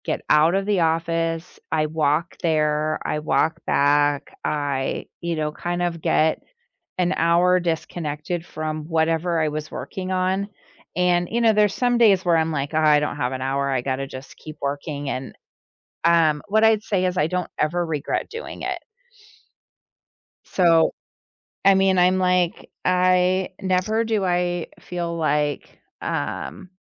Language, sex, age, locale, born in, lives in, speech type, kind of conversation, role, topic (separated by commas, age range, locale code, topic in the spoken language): English, female, 45-49, en-US, United States, United States, conversation, podcast, guest, How has staying active shaped your daily life and well-being?